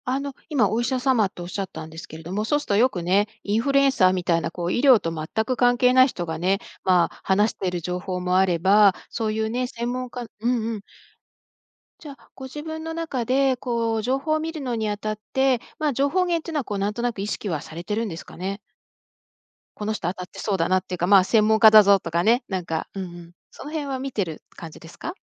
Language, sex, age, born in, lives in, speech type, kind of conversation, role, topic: Japanese, female, 55-59, Japan, United States, conversation, advice, advisor, 健康に良い情報が多すぎて何を信じるか迷っている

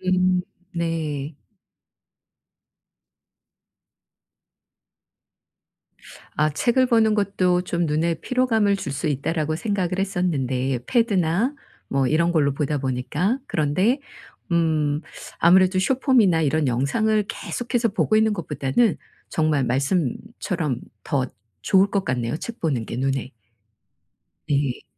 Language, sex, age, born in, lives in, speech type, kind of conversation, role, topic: Korean, female, 55-59, South Korea, South Korea, conversation, advice, user, 바쁜 일상 속에서 회복 시간을 어떻게 확보하면 좋을까요?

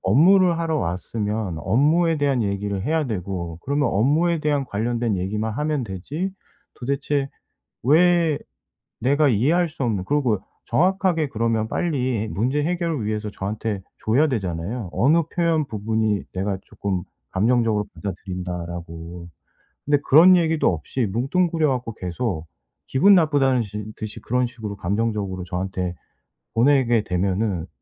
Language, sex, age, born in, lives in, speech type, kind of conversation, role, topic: Korean, male, 45-49, South Korea, South Korea, conversation, advice, user, 비판을 받았을 때 감정을 회복하고 스트레스를 잘 관리하려면 어떻게 해야 하나요?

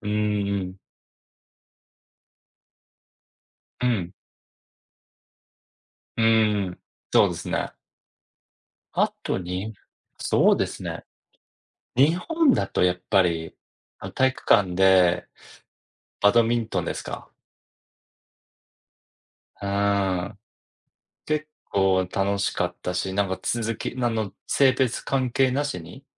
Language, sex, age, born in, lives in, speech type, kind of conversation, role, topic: Japanese, male, 40-44, Japan, United States, conversation, unstructured, none, みんなで一緒に楽しめる運動は何だと思いますか？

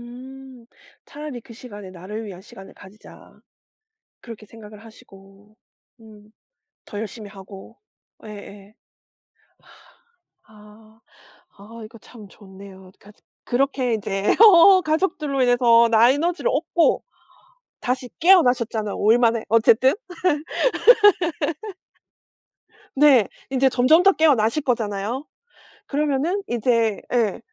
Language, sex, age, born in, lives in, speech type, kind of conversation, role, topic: Korean, female, 40-44, South Korea, South Korea, conversation, podcast, host, 일과 삶의 균형은 어떻게 챙기세요?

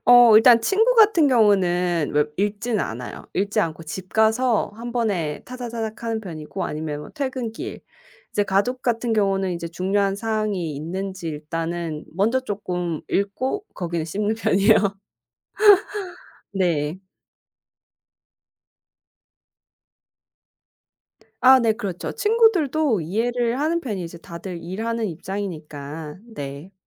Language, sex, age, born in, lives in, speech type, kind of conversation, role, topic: Korean, female, 30-34, South Korea, United States, conversation, podcast, guest, 답장 속도는 보통 어떤 기준으로 정하세요?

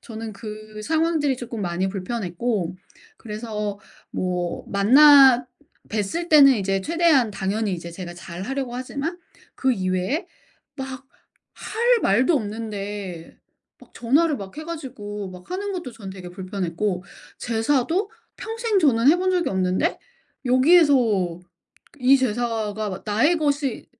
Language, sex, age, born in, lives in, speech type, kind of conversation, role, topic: Korean, female, 40-44, South Korea, United States, conversation, podcast, guest, 결혼 생활에서 가장 중요한 것은 무엇이라고 생각하시나요?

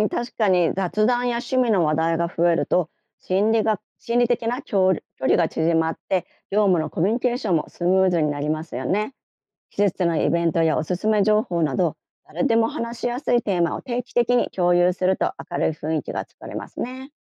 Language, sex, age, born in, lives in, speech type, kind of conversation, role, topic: Japanese, female, 50-54, Japan, Japan, read, expert-assistant, expert, 新しい働き方が増える中で、マネージャーはどんな工夫や変化が求められるのでしょうか？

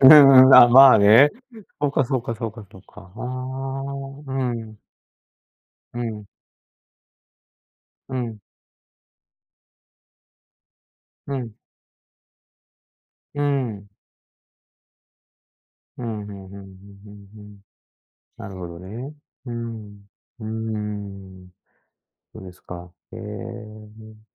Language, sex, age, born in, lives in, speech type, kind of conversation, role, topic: Japanese, male, 60-64, Japan, Japan, conversation, podcast, host, 他人と比べないようにするために、普段どんな工夫をしていますか？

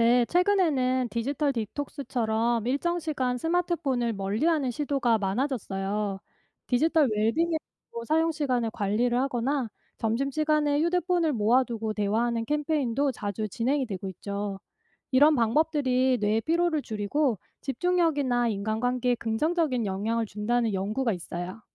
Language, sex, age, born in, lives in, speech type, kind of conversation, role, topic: Korean, female, 45-49, South Korea, United States, read, expert-assistant, expert, 디지털 미디어를 더 건강하게 사용하는 방법에는 어떤 새로운 아이디어가 있을까요?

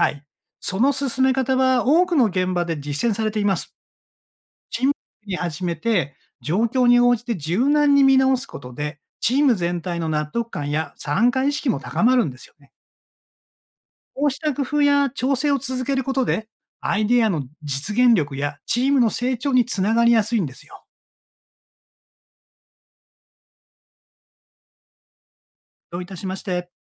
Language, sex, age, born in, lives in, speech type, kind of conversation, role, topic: Japanese, male, 60-64, Japan, Japan, read, expert-assistant, expert, ビジネスで新しいアイデアを実現するには、どんな考え方や行動が役立ちますか？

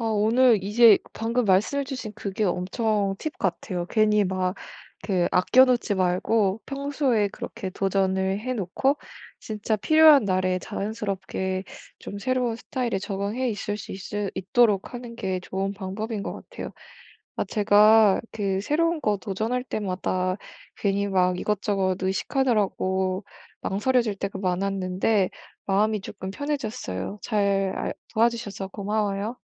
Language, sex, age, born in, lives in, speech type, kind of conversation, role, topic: Korean, female, 25-29, South Korea, Germany, conversation, advice, user, 새로운 취향이나 스타일을 시도할 때 두려움을 느끼는 상황이 있나요?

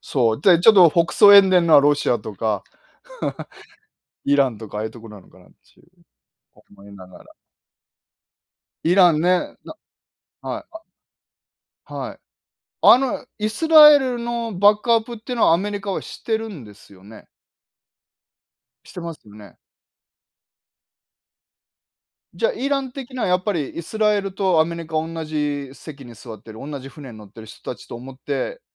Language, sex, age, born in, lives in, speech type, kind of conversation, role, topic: Japanese, male, 50-54, Japan, Japan, conversation, unstructured, none, ニュースを見て特に驚いた出来事は何ですか？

